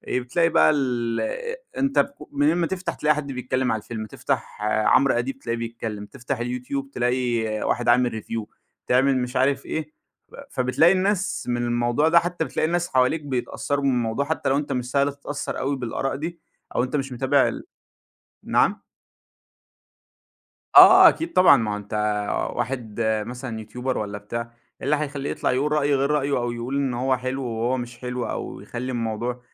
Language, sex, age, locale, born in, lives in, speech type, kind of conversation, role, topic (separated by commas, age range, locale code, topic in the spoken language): Arabic, male, 25-29, ar-EG, Egypt, Egypt, conversation, podcast, guest, إزاي بتتجنب حرق الأحداث وإنت متابع مسلسل وبتحبه؟